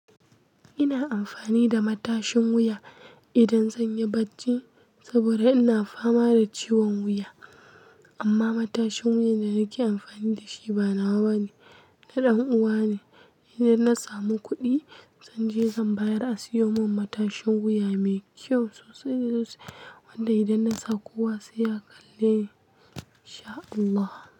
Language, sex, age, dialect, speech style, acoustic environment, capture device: Hausa, female, 18-24, Nigeria - Kano, happy, nature; people talking, mobile / Linux / Chrome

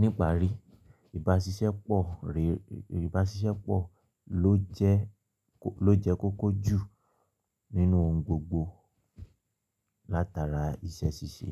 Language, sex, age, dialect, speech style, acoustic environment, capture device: Yoruba, male, 25-34, Nigeria - Ibadan, excited, silence, mobile / Linux / Chrome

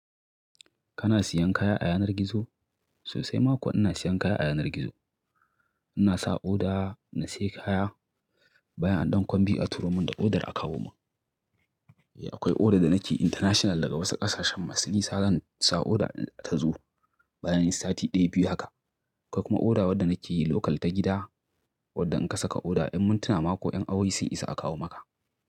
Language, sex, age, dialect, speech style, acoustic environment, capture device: Hausa, male, 35-44, Nigeria - Kano, happy, nature, mobile / Linux / Chrome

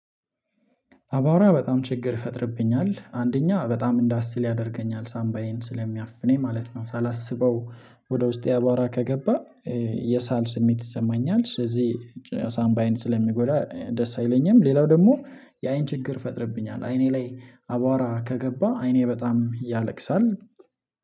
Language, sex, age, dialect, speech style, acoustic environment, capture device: Amharic, male, 25-34, Ethiopia - Addis Ababa, relaxed; focused, silence, mobile / Linux / Chrome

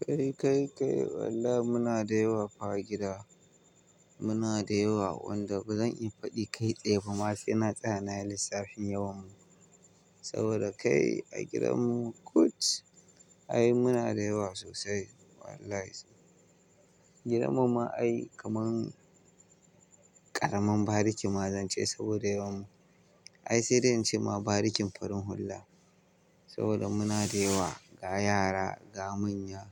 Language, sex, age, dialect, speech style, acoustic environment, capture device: Hausa, male, 25-34, Nigeria - Kano, happy, silence, mobile / Linux / Chrome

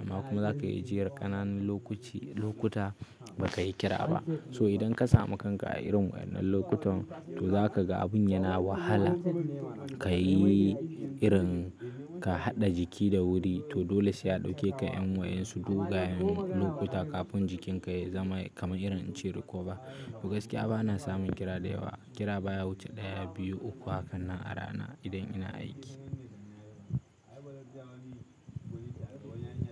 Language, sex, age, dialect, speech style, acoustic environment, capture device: Hausa, male, 18-24, Nigeria - Kano, stressed, silence, mobile / Linux / Chrome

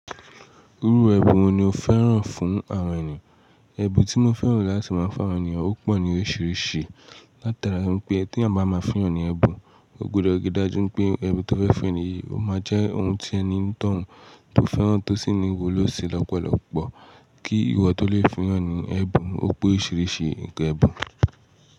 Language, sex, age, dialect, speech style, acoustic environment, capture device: Yoruba, male, 18-24, Nigeria - Nigerian Standard, relaxed, silence, mobile / Linux / Chrome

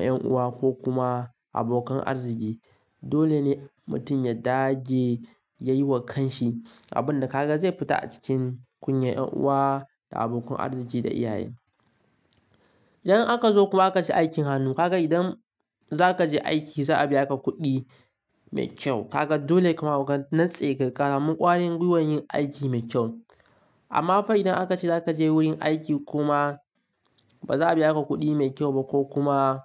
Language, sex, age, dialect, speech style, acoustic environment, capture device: Hausa, male, 18-24, Nigeria - Kano, relaxed; focused, nature, mobile / Linux / Chrome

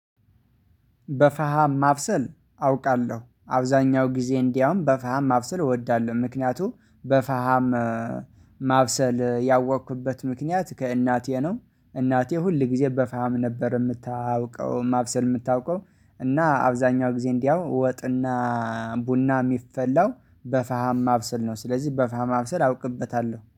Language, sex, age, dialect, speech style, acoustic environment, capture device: Amharic, male, 25-34, Ethiopia - Addis Ababa, excited, silence, mobile / Linux / Chrome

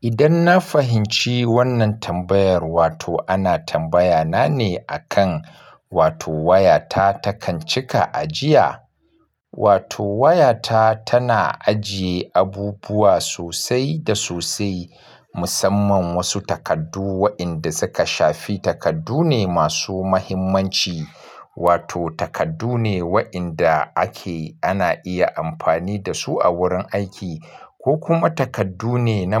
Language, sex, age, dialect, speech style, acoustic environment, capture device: Hausa, male, 35-44, Nigeria - Kano, focused; relaxed, nature, mobile / Linux / Chrome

